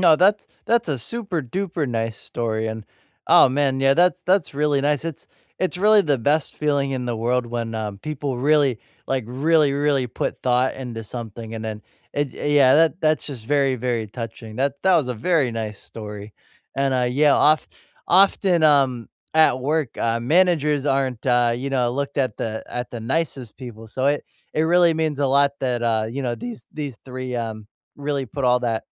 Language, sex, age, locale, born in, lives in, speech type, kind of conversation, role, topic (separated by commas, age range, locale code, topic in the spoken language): English, male, 25-29, en-US, United States, United States, conversation, unstructured, none, What small, everyday gestures make you feel appreciated, and how can we offer them more intentionally?